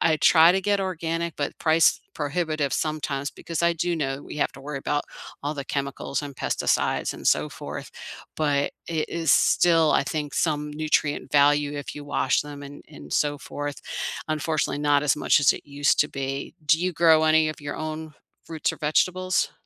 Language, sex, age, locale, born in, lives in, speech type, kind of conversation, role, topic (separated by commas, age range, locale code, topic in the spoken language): English, female, 55-59, en-US, United States, United States, conversation, unstructured, none, What is one small, doable goal you’re excited to pursue this season, and why does it matter to you?